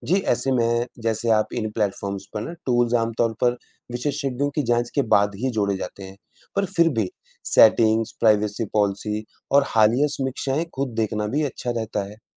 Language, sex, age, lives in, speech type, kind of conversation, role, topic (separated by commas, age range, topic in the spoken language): Hindi, male, 35-39, India, read, expert-assistant, expert, ऑनलाइन पढ़ाई के लिए सबसे असरदार तकनीकी साधन कैसे चुनें?